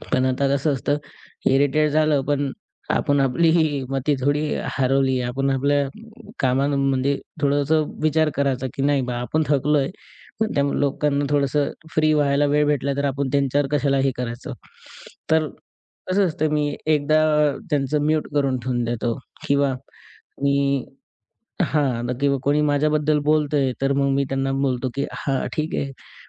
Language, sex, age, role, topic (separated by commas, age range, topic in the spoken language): Marathi, male, 20-24, guest, संवादात सातत्य ठेवणं का गरजेचं आहे?